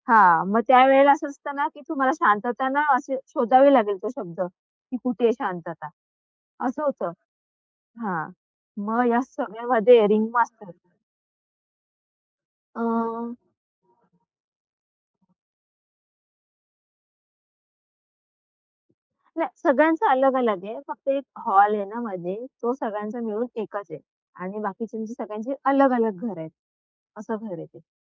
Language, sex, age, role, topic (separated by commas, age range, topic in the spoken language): Marathi, female, 25-29, guest, घरात, विशेषतः रात्री, शांतता कशी राखता?